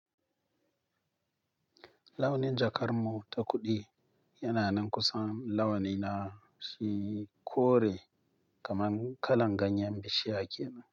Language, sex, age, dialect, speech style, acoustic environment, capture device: Hausa, male, 25-34, Nigeria - Nigerian Standard, happy; relaxed, silence, mobile / Linux / Chrome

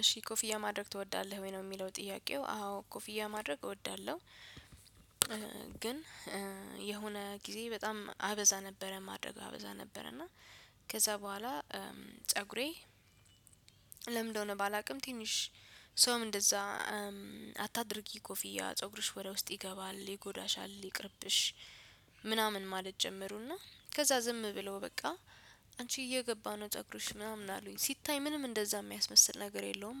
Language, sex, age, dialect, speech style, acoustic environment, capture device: Amharic, female, 25-34, Ethiopia - Addis Ababa, relaxed, music; people talking, desktop / Windows / Chrome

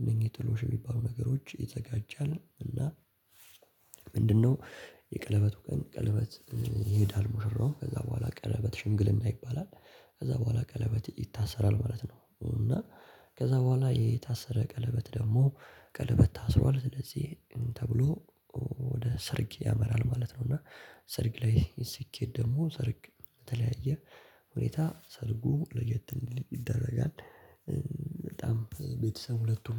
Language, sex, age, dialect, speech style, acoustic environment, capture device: Amharic, male, 18-24, Ethiopia - Addis Ababa, relaxed, nature, mobile / Linux / Chrome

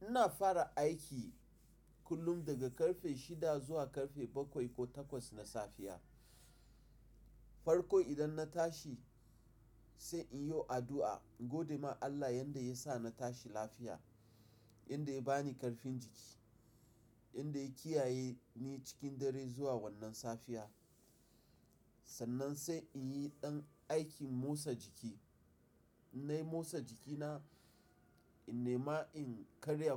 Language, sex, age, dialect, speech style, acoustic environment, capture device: Hausa, male, 35-44, Nigeria - Nigerian Standard, tired; focused, nature, mobile / Linux / Chrome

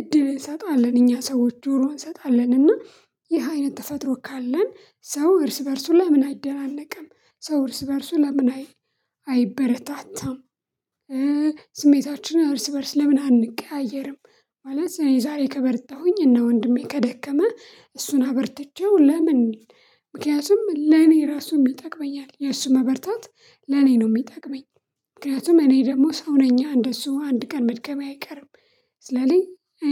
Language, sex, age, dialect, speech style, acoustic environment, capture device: Amharic, female, 25-34, Ethiopia - Addis Ababa, happy, nature; silence, mobile / Linux / Chrome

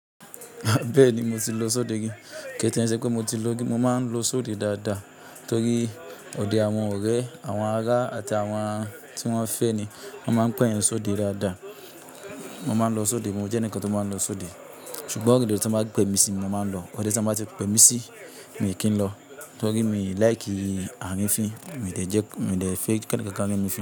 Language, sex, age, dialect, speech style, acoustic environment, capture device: Yoruba, male, 25-34, Nigeria - Kano, nervous, appliances, mobile / Linux / Chrome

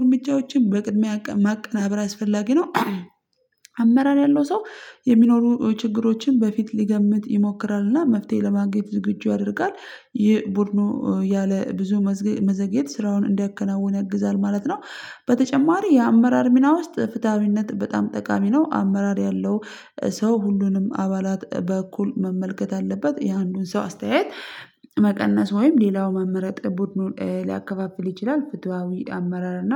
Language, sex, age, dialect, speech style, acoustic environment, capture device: Amharic, female, 25-34, Ethiopia - Addis Ababa, stressed, people talking, mobile / Linux / Chrome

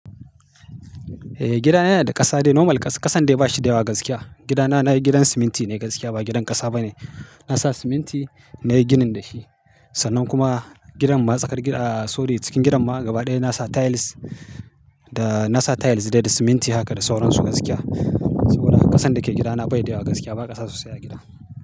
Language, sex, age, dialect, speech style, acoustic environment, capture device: Hausa, male, 35-44, Nigeria - Kano, happy; focused; excited, silence, mobile / Linux / Chrome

